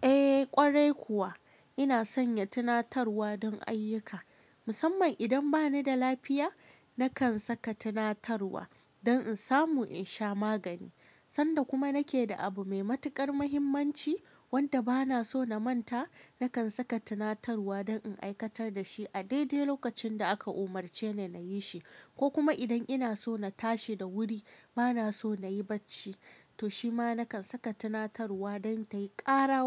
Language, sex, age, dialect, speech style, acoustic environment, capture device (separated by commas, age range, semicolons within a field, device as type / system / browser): Hausa, female, 25-34, Nigeria - Kano, relaxed, nature; silence, mobile / Linux / Chrome